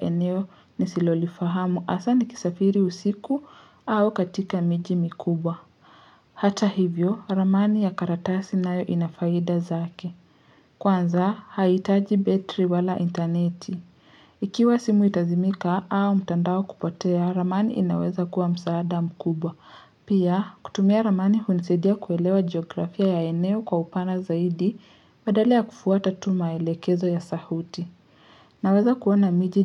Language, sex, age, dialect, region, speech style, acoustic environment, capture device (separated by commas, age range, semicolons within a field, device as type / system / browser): Swahili, male, 25-34, Kenya - Nairobi Sheng-influenced, Kenya, relaxed, nature, mobile / Linux / Chrome